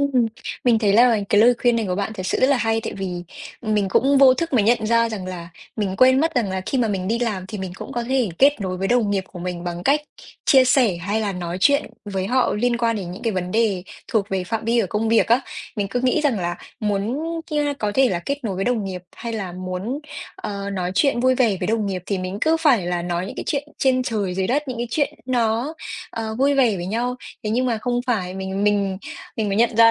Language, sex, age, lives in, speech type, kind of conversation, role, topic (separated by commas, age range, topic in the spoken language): Vietnamese, female, 20-24, Vietnam, conversation, advice, user, Làm sao để kiểm soát và giảm lo lắng khi giao tiếp với người lạ?